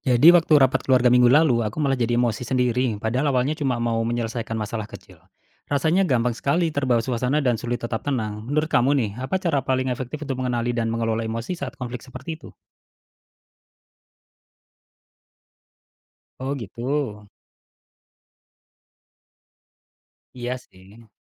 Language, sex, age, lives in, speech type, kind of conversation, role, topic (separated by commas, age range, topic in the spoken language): Indonesian, male, 35-39, Indonesia, read, expert-assistant, asker, Apa pendekatan paling efektif untuk belajar mengenali dan mengelola emosi sendiri saat menghadapi konflik dengan orang terdekat?